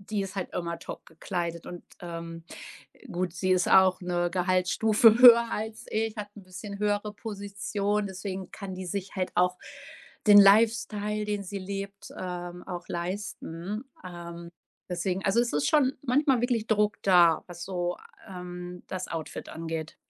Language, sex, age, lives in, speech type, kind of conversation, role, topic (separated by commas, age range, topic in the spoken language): German, female, 35-39, Germany, conversation, podcast, guest, Wie hat sich dein Kleidungsstil im Laufe der Jahre verändert?